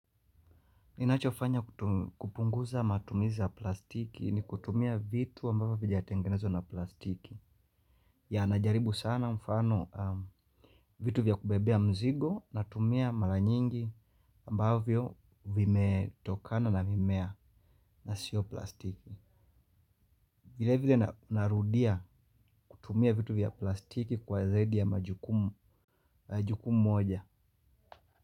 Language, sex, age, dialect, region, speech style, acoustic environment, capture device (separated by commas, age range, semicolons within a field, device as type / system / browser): Swahili, male, 25-34, Kenya - Mombasa, Kenya, relaxed, silence, mobile / Linux / Chrome